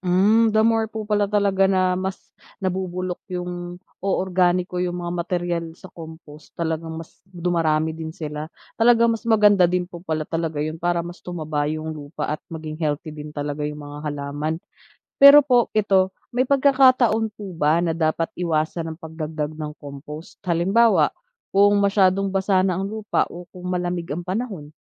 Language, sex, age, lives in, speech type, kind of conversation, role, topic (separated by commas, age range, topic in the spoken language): Filipino, female, 30-34, Philippines, read, expert-assistant, asker, Paano nakakatulong ang mga bulate sa lupa sa pagtatanim?